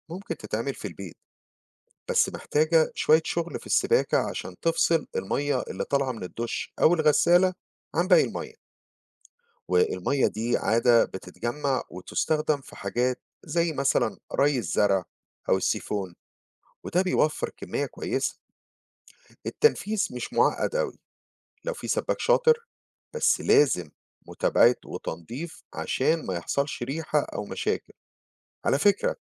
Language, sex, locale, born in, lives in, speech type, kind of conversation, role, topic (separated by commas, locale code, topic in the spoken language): Arabic, male, ar-EG, Egypt, United States, read, expert-assistant, expert, إزاي أقدر أوفر في استهلاك المياه في الشقة وأستفيد من المياه الرمادية؟